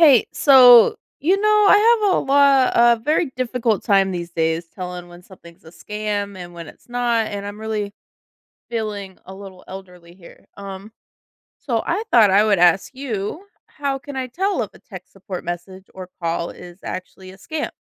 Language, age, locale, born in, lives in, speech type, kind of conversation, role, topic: English, 30-34, en-US, United States, United States, read, expert-assistant, asker, How can you recognize and avoid tech support scams?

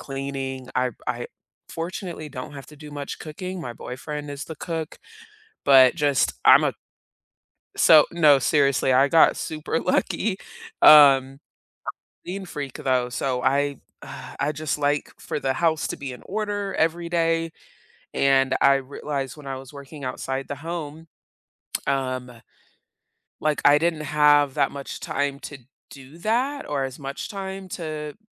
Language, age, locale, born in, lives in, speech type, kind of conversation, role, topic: English, 30-34, en-US, South Korea, United States, conversation, unstructured, none, Beyond salary, which benefits truly matter to you, and what experiences shaped those priorities?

